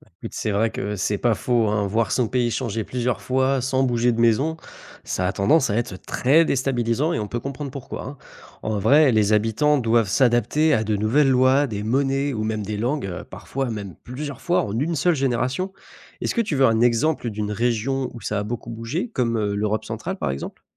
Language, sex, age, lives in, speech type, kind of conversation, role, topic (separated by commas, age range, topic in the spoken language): French, male, 25-29, France, read, expert-assistant, expert, Quelles questions poser pour mieux comprendre pourquoi certains pays ont des formes si particulières sur la carte du monde ?